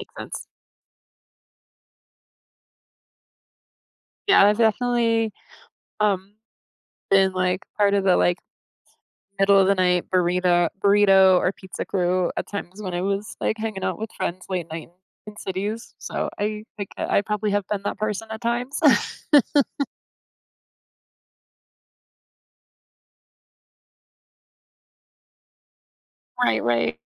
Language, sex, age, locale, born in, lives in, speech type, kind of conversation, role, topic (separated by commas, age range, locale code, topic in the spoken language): English, female, 50-54, en-US, United States, United States, conversation, unstructured, none, What is your go-to comfort food, who taught you to make it, and what memories does it bring back?